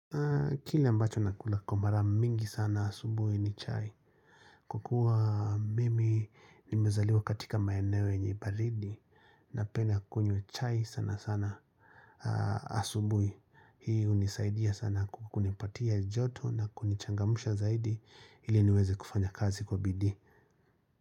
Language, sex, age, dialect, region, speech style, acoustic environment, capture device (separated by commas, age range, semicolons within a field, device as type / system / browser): Swahili, male, 35-44, Kenya - Nairobi Sheng-influenced, Kenya, relaxed, silence, mobile / Linux / Chrome